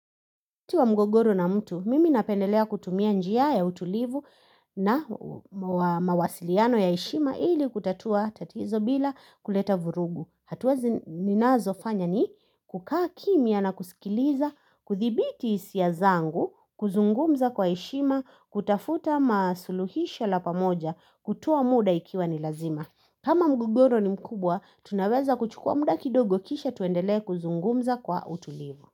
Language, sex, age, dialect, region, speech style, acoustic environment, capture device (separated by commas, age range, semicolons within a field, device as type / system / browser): Swahili, female, 25-34, Kenya - Nairobi Sheng-influenced, Kenya, anxious; happy, people talking, mobile / Linux / Chrome